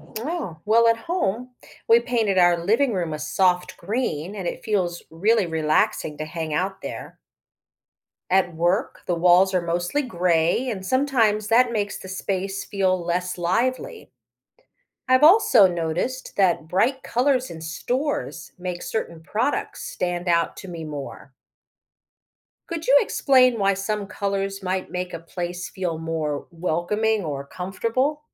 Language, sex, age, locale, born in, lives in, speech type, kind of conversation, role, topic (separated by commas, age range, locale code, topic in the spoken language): English, female, 50-54, en-US, United States, United States, read, expert-assistant, asker, What are the psychological effects of color on human behavior?